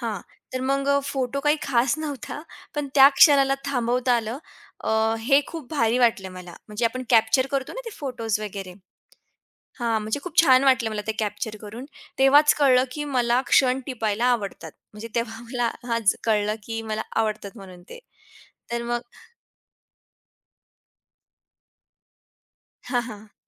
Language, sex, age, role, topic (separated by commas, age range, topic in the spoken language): Marathi, female, 20-24, guest, तुझा आवडता सर्जनशील छंद कोणता आहे?